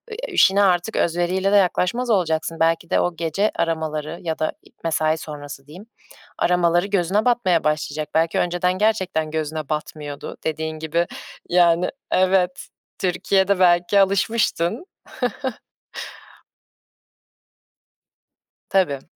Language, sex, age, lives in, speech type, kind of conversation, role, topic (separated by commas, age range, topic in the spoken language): Turkish, female, 20-24, Germany, conversation, advice, advisor, İşle ev hayatı arasındaki zamanı nasıl dengeleyebilirim?